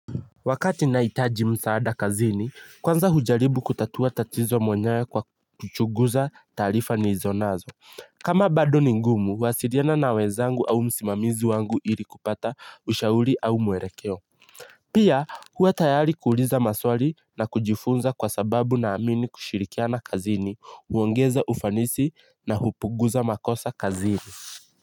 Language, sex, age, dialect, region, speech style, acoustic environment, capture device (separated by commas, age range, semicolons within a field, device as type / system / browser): Swahili, male, 18-24, Kenya - Nairobi Sheng-influenced, Kenya, happy, silence, mobile / Linux / Chrome